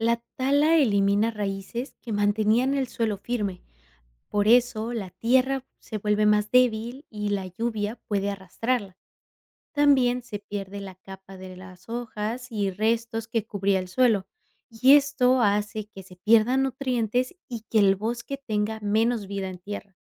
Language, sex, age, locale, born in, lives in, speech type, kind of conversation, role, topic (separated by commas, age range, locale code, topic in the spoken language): Spanish, female, 25-29, es-MX, Mexico, United States, read, expert-assistant, expert, Describe cómo la tala de árboles afecta la biodiversidad de un bosque.